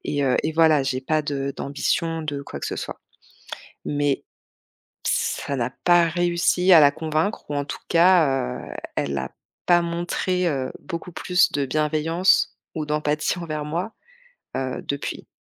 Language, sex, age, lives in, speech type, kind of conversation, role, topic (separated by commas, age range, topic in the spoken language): French, female, 35-39, France, conversation, advice, user, Comment faire face à une perte de sens au travail malgré la sécurité salariale ?